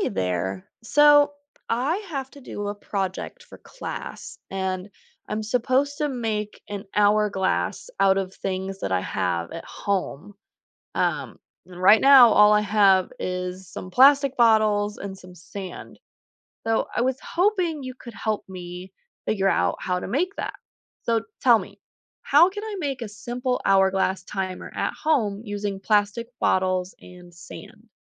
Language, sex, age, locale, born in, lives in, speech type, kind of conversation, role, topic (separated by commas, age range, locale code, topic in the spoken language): English, female, 25-29, en-US, United States, United States, read, expert-assistant, asker, How can a plastic bottle hourglass timer be made?